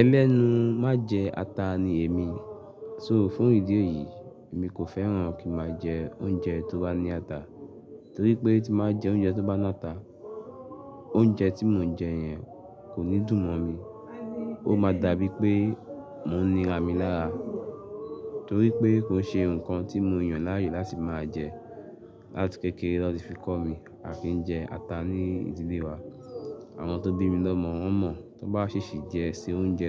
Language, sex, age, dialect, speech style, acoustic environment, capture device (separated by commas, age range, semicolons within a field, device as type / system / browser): Yoruba, male, 35-44, Nigeria - Lagos Yoruba, happy; focused, nature, mobile / Linux / Chrome